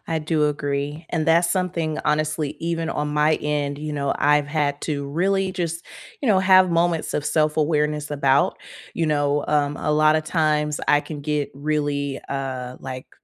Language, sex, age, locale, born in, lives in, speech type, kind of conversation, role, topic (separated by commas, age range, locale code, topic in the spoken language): English, female, 30-34, en-US, United States, United States, conversation, unstructured, none, What does a sincere apology sound like to you?